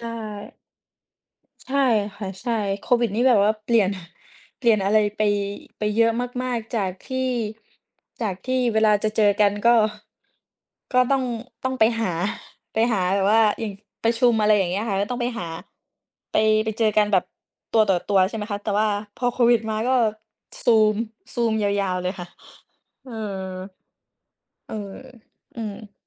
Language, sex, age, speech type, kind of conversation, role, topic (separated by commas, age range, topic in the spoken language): Thai, female, 20-24, conversation, unstructured, none, คุณเคยรู้สึกกลัวความตายไหม และทำไมถึงรู้สึกแบบนั้น?